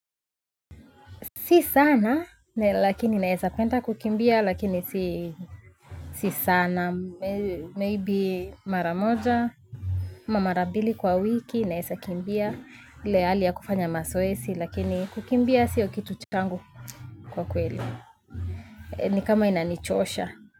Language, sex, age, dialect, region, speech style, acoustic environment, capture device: Swahili, female, 25-34, Kenya - Nairobi Sheng-influenced, Kenya, happy; focused, nature, mobile / Linux / Chrome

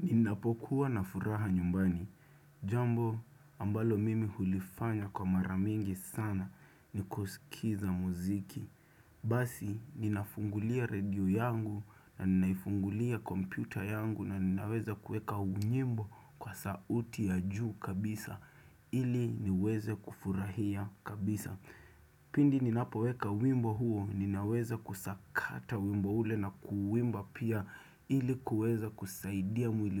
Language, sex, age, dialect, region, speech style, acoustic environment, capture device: Swahili, male, 25-34, Kenya - Nairobi Sheng-influenced, Kenya, focused; excited, silence, desktop / Windows / Chrome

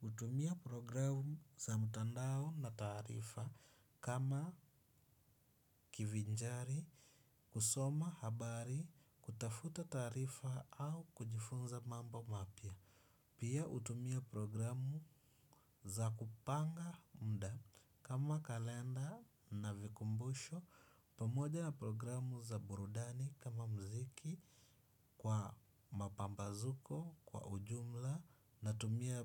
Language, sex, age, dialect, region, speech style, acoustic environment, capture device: Swahili, male, 25-34, Kenya - Mombasa, Kenya, relaxed, silence, desktop / Windows / Chrome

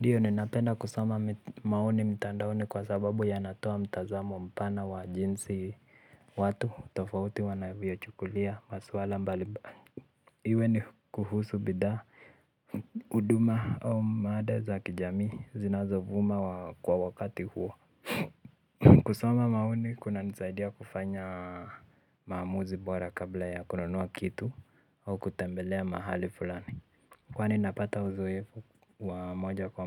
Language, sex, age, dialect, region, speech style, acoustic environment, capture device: Swahili, male, 18-24, Kenya - Nairobi Sheng-influenced, Kenya, relaxed; focused, silence; nature, mobile / Linux / Chrome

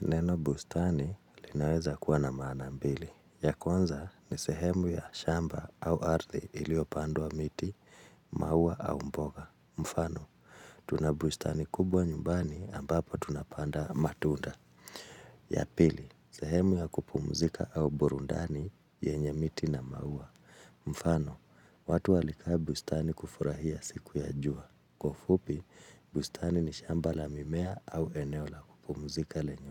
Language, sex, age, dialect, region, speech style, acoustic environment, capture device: Swahili, male, 35-44, Kenya - Nairobi Sheng-influenced, Kenya, focused, silence, mobile / Linux / Chrome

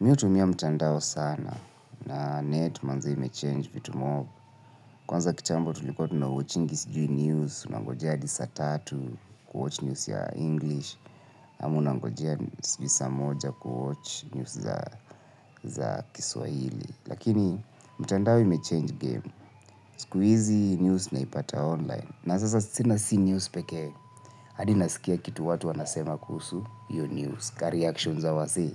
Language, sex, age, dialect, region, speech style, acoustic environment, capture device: Swahili, male, 25-34, Kenya - Nairobi Sheng-influenced, Kenya, tired, silence; nature, mobile / Linux / Chrome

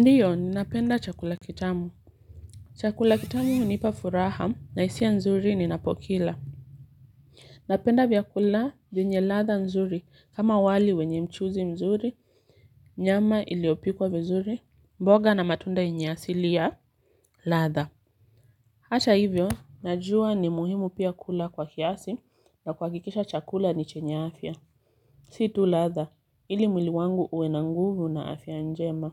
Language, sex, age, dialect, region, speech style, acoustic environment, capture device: Swahili, female, 25-34, Kenya - Mombasa, Kenya, relaxed; focused, silence, mobile / Linux / Chrome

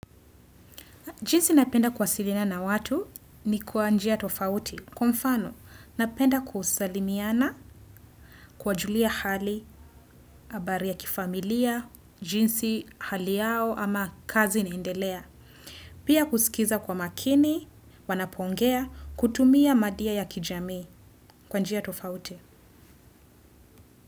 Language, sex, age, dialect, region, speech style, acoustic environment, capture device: Swahili, female, 25-34, Kenya - Nairobi Sheng-influenced, Kenya, happy, silence, desktop / Windows / Chrome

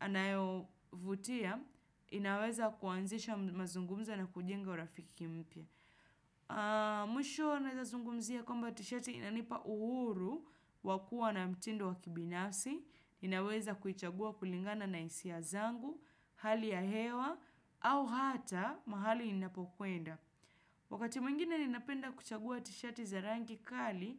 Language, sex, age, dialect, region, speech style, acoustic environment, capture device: Swahili, female, 25-34, Kenya - Nairobi Sheng-influenced, Kenya, relaxed; focused, nature; silence, desktop / Windows / Chrome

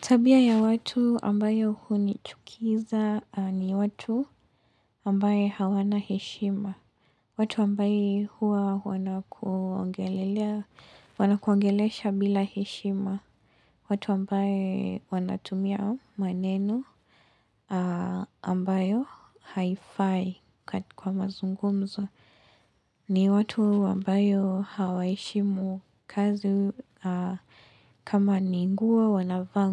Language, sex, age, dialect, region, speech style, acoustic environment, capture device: Swahili, female, 18-24, Kenya - Mombasa, Kenya, relaxed, silence, mobile / Linux / Chrome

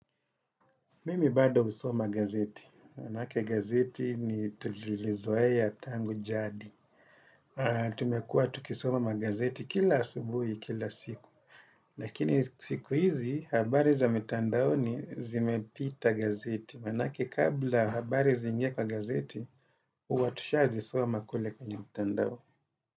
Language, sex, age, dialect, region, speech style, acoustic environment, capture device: Swahili, male, 35-44, Kenya - Mombasa, Kenya, happy; focused; relaxed, nature; silence, desktop / Windows / Chrome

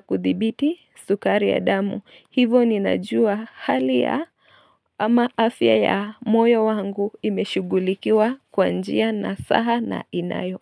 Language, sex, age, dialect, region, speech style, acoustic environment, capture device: Swahili, female, 25-34, Kenya - Nairobi Sheng-influenced, Kenya, anxious, nature, mobile / Linux / Chrome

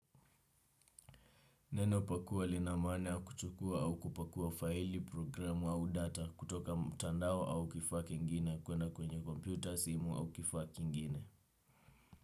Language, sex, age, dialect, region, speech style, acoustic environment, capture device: Swahili, male, 18-24, Kenya - Nairobi Sheng-influenced, Kenya, relaxed, silence, desktop / Windows / Chrome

